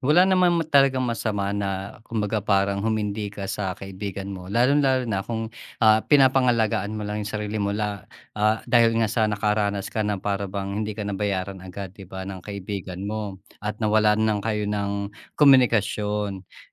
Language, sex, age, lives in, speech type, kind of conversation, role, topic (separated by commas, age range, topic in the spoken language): Filipino, male, 45-49, Philippines, conversation, podcast, host, Paano ka bumubuo ng bagong pagkakaibigan?